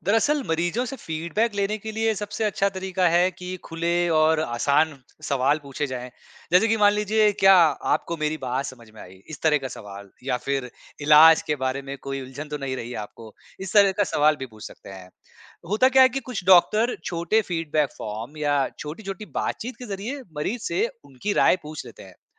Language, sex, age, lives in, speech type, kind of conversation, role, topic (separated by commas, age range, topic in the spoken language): Hindi, male, 35-39, India, read, expert-assistant, expert, क्या डॉक्टर नई स्पेशलिटी सीखते समय मरीज से संवाद में चुनौतियाँ महसूस करते हैं?